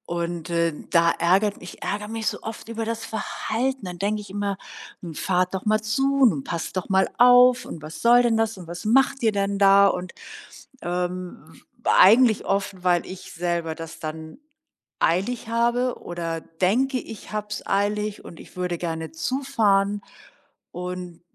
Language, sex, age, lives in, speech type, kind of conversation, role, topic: German, female, 60-64, Germany, conversation, advice, user, Wie erlebst du deine Wut im Straßenverkehr, und wovor hast du Angst, wenn du impulsiv wirst?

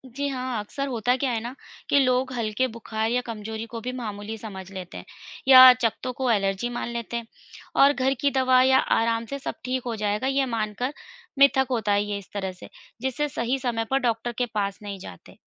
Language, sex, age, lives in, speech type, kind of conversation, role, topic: Hindi, female, 35-39, India, read, expert-assistant, expert, जब आपको किसी बीमारी के बारे में पूरी और सटीक जानकारी चाहिए हो, तो किन स्रोतों पर ध्यान देना चाहिए?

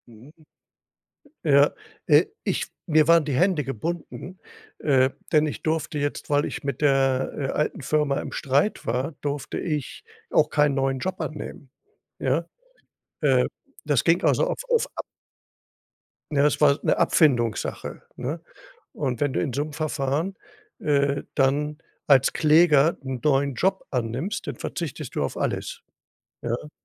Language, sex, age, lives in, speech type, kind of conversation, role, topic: German, male, 65-69, Germany, conversation, podcast, guest, Welche Rolle spielt Scheitern für dein Selbstvertrauen?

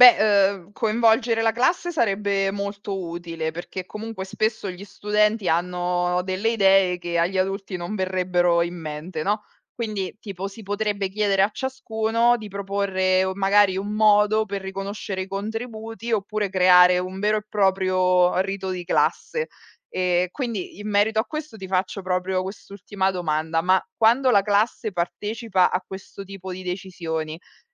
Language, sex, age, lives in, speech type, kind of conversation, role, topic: Italian, female, 35-39, Italy, read, expert-assistant, asker, Secondo te, la scuola ci aiuta davvero a capire chi siamo o ci spinge solo a seguire le regole?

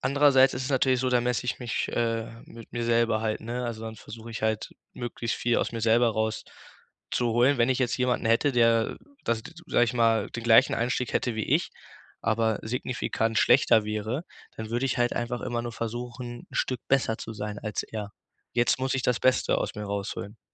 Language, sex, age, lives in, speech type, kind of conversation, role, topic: German, male, 18-19, Germany, conversation, advice, user, Wie kann ich meinen Selbstwert unabhängig von Leistungen stärken?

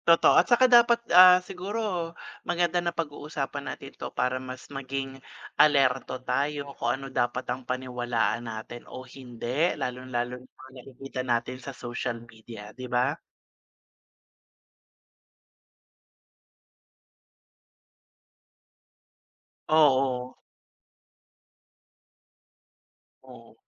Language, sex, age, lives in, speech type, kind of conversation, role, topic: Filipino, male, 45-49, Philippines, conversation, unstructured, none, Ano ang palagay mo sa pagsubaybay ng gobyerno sa mga komunikasyon?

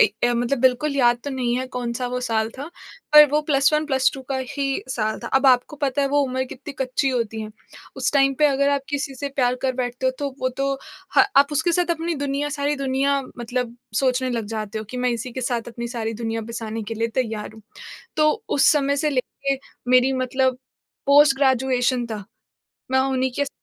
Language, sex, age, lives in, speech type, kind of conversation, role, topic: Hindi, female, 25-29, India, conversation, advice, user, नया रिश्ता शुरू करने से डरने और असफलता के डर से पीछे हटने का आपका अनुभव कैसा रहा है?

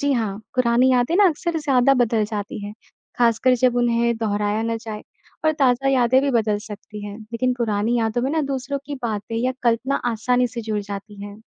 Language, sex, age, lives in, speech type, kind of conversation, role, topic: Hindi, male, 55-59, India, read, expert-assistant, expert, क्या यादें हमेशा भरोसेमंद होती हैं या दिमाग हमें धोखा दे सकता है? इसके फायदे और नुकसान क्या हैं?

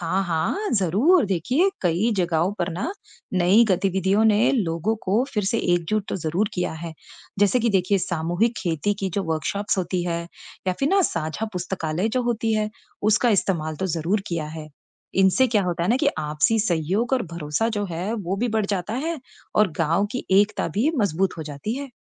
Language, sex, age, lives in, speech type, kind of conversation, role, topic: Hindi, female, 35-39, United States, read, expert-assistant, expert, क्या मशीनों से गाँव की खेती और सामाजिक जीवन बदल जाता है?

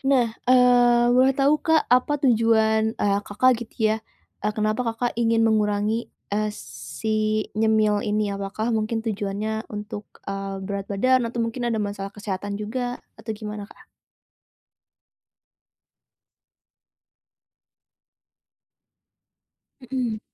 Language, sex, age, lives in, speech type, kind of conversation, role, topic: Indonesian, female, 20-24, Indonesia, conversation, advice, advisor, Bagaimana cara mengendalikan keinginan untuk ngemil makanan yang tidak sehat?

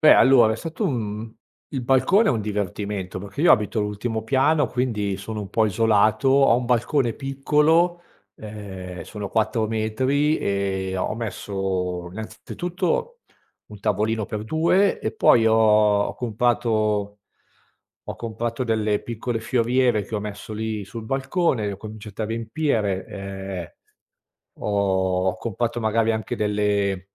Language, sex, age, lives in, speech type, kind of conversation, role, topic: Italian, male, 50-54, Italy, conversation, podcast, guest, Come coltivi le piante sul balcone per avere una gioia quotidiana?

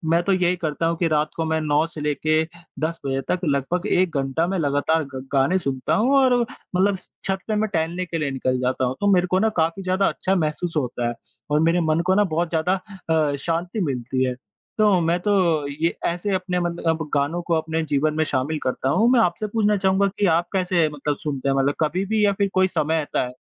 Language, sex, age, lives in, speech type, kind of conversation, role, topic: Hindi, female, 25-29, India, conversation, unstructured, none, आपके हिसाब से संगीत और मनोदशा का क्या संबंध है?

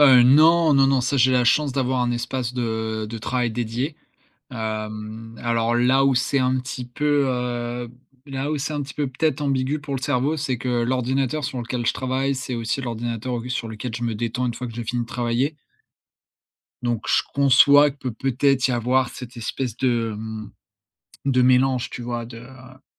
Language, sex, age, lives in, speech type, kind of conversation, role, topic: French, male, 20-24, France, conversation, advice, user, Comment les distractions numériques ruinent-elles votre productivité ?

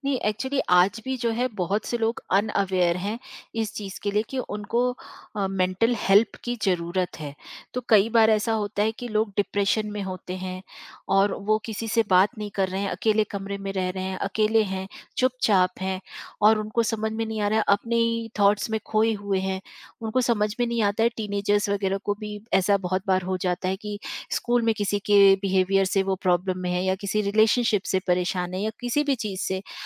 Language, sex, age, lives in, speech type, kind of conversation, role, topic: Hindi, female, 45-49, India, conversation, podcast, guest, Mental health par baat karne mein peedhiyon ke beech kya farq dikhai deta hai?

